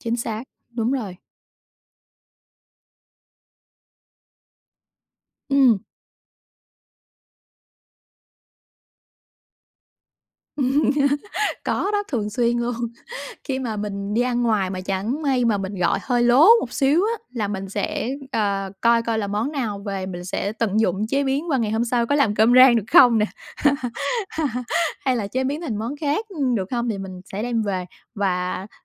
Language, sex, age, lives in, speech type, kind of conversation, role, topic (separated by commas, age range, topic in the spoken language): Vietnamese, female, 35-39, Vietnam, conversation, podcast, guest, Bạn làm thế nào để giảm lãng phí thức ăn mỗi tuần?